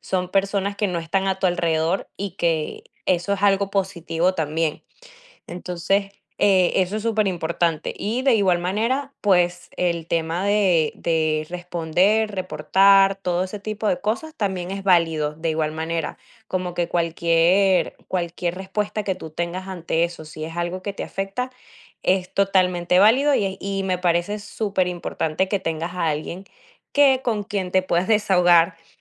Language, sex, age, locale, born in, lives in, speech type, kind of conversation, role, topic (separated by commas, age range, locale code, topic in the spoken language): Spanish, female, 20-24, es-VE, Venezuela, United States, conversation, advice, advisor, ¿Cómo describirías el comentario dañino que recibiste en redes sociales?